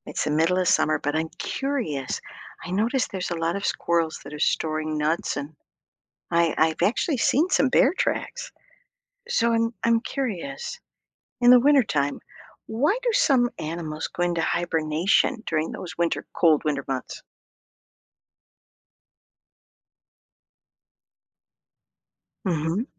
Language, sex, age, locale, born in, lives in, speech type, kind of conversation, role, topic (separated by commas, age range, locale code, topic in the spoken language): English, female, 60-64, en-US, United States, United States, read, expert-assistant, asker, Why do some animals hibernate in winter?